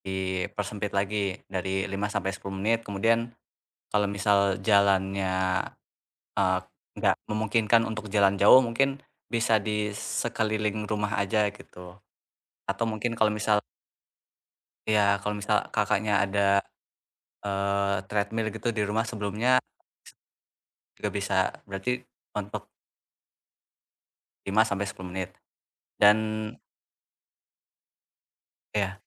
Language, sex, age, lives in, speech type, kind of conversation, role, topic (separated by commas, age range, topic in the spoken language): Indonesian, male, 20-24, Indonesia, conversation, advice, advisor, Bagaimana cara memulai ulang rutinitas saya yang sempat terhenti?